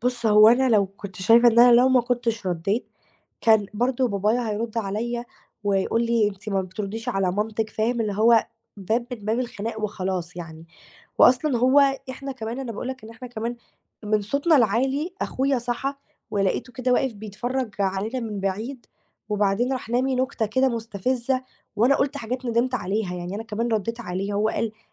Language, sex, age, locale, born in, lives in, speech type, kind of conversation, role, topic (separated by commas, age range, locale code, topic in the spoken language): Arabic, female, 18-19, ar-EG, Egypt, Greece, conversation, advice, user, إزاي أقدر أكسر أنماط التواصل السلبية اللي بيني وبين أهلي؟